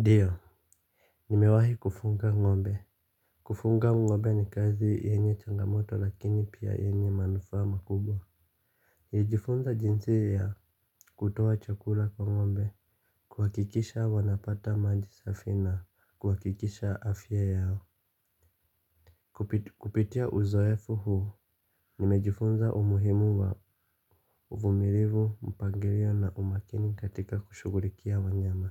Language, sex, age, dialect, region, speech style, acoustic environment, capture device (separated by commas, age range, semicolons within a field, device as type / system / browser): Swahili, male, 25-34, Kenya - Nairobi Sheng-influenced, Kenya, relaxed, nature, mobile / Linux / Chrome